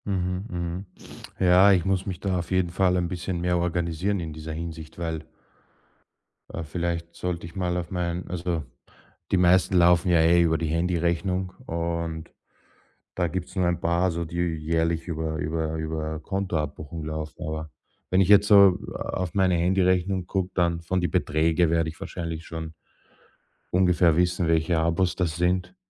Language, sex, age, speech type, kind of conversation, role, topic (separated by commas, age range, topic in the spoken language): German, male, 35-39, conversation, advice, user, Wie kann ich meine unübersichtlichen Abonnements in den Griff bekommen, damit sie nicht weiter mein Geld auffressen?